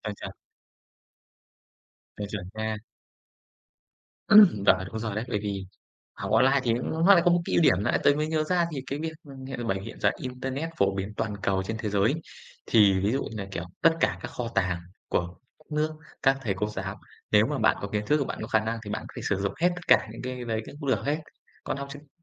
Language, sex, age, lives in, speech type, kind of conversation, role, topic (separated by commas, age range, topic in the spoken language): Vietnamese, male, 25-29, Vietnam, conversation, unstructured, none, Bạn nghĩ gì về việc học trực tuyến so với học truyền thống?